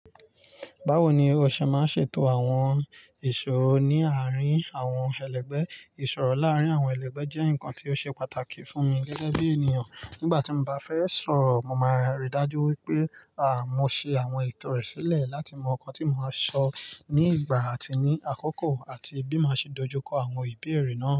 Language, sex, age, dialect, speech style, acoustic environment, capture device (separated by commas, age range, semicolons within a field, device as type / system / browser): Yoruba, male, 25-34, Nigeria - Nigerian Standard, relaxed, nature, mobile / Linux / Chrome